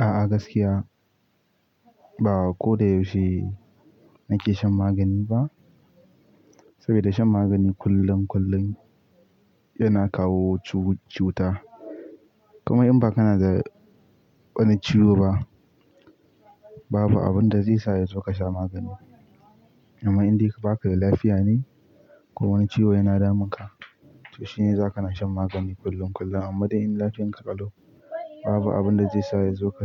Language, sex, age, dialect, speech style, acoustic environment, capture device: Hausa, male, 18-24, Nigeria - Pidgin-influenced, relaxed; focused, nature; people talking, mobile / Linux / Chrome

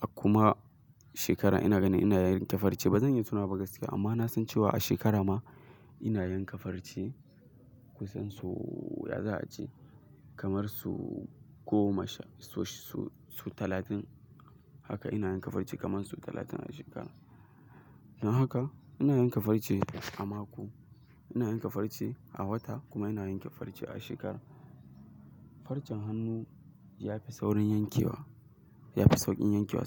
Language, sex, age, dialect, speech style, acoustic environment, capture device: Hausa, male, 18-24, Nigeria - Kano, excited; focused, silence, mobile / Linux / Chrome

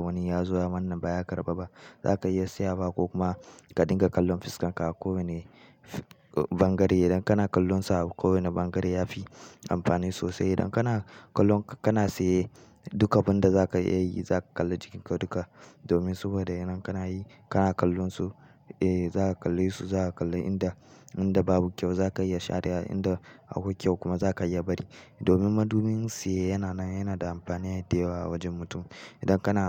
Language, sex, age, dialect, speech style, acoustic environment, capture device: Hausa, male, 18-24, Nigeria - Kano, happy, people talking; nature, mobile / Linux / Chrome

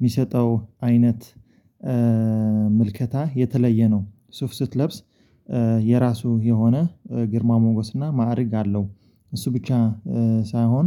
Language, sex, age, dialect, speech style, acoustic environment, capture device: Amharic, male, 25-34, Ethiopia - Addis Ababa, focused, silence, mobile / Linux / Chrome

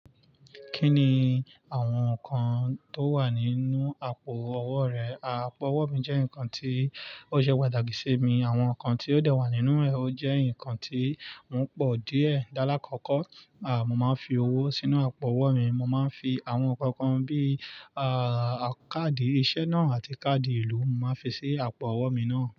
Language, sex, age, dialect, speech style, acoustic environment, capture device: Yoruba, male, 25-34, Nigeria - Lagos Yoruba, focused, nature, mobile / Linux / Chrome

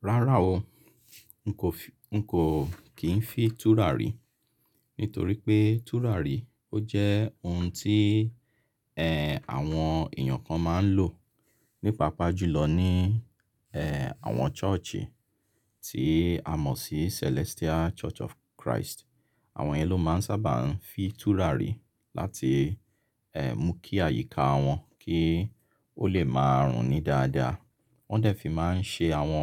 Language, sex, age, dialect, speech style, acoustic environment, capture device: Yoruba, male, 35-44, Nigeria - Lagos Yoruba, relaxed, silence, mobile / Linux / Chrome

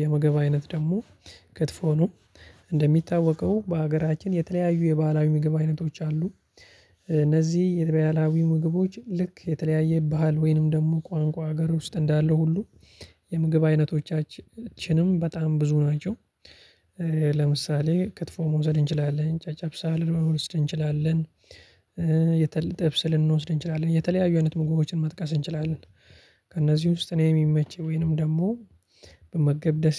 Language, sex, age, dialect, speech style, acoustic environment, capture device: Amharic, male, 25-34, Ethiopia - Addis Ababa, focused, silence, mobile / Linux / Chrome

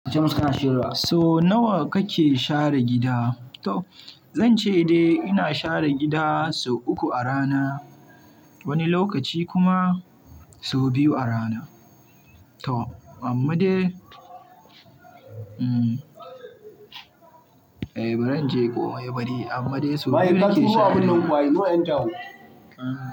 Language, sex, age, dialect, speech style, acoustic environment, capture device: Hausa, male, 18-24, Nigeria - Kano, excited, people talking, mobile / Linux / Chrome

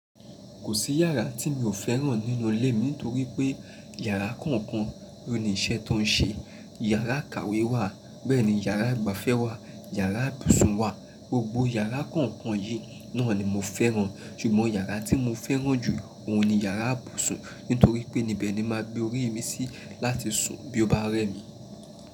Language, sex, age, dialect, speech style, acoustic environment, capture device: Yoruba, male, 25-34, Nigeria - Nigerian Standard, happy, silence, mobile / Linux / Chrome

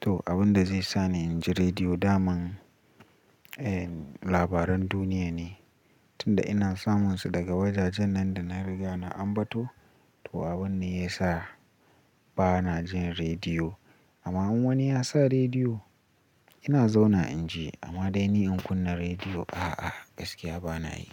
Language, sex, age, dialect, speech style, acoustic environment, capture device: Hausa, male, 18-24, Nigeria - Nigerian Standard, relaxed; focused, silence; nature, mobile / Linux / Chrome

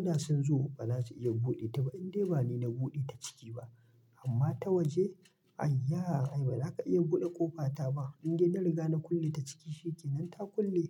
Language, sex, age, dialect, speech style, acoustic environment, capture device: Hausa, male, 25-34, Nigeria - Kano, excited; focused; happy; relaxed, silence, mobile / Linux / Chrome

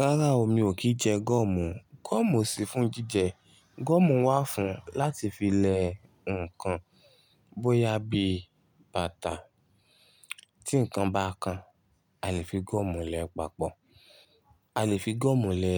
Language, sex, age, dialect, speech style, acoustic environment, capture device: Yoruba, male, 25-34, Nigeria - Ibadan, happy, silence, desktop / Linux / Chrome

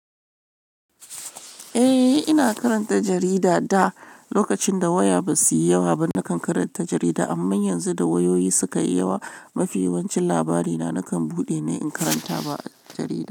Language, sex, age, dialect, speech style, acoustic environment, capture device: Hausa, female, 35-44, Nigeria - Lagos Yoruba, happy, people talking, mobile / Linux / Chrome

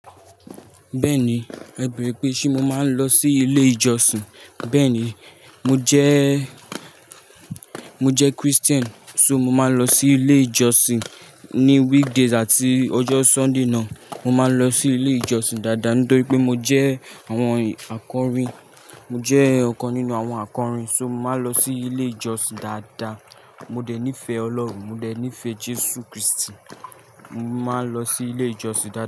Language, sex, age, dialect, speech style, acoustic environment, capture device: Yoruba, male, 18-24, Nigeria - Lagos Yoruba, nervous, people talking; nature; appliances; traffic, mobile / Linux / Chrome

